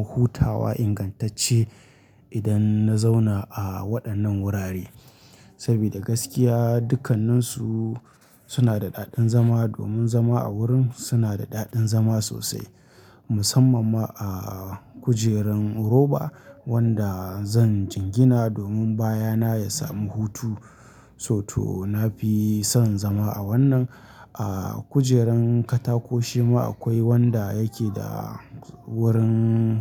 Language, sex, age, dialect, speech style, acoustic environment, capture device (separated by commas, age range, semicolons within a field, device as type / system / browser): Hausa, male, 25-34, Nigeria - Kano, happy; anxious; tired; relaxed; nervous; excited; focused; stressed, people talking; music; nature; silence; traffic; construction; appliances, mobile / Linux / Chrome